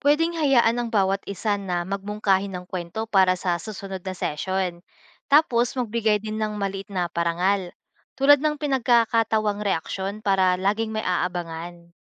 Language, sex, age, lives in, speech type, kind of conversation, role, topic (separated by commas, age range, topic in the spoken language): Filipino, female, 25-29, Philippines, read, expert-assistant, expert, Kung puwedeng gawing mas interactive ang pagbabasa ng kontemporaryong panitikan, anong ideya kaya ang puwedeng subukan?